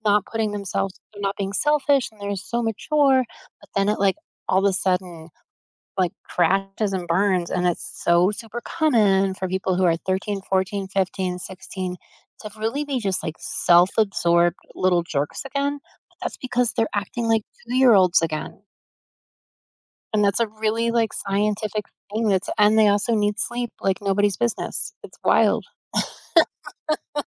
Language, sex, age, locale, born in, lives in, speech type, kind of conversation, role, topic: English, female, 50-54, en-US, United States, United States, conversation, unstructured, none, How do your morning and evening routines help you feel grounded and connected to others?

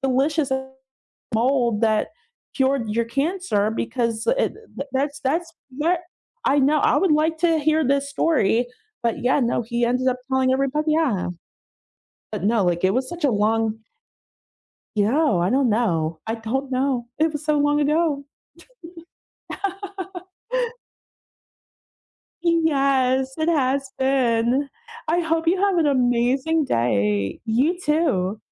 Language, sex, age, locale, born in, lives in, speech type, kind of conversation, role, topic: English, female, 35-39, en-US, United States, United States, conversation, unstructured, none, What should I do to make the food I eat safer?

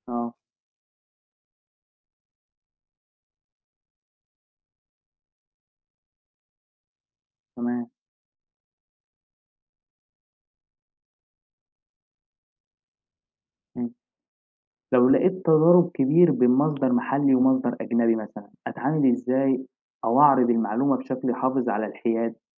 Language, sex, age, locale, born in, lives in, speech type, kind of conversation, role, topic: Arabic, male, 20-24, ar-EG, Egypt, Egypt, read, expert-assistant, asker, إزاي أقدر أفرّق بين المصادر الموثوقة وغير الموثوقة لما أبحث عن معلومات عن العلاقات العامة؟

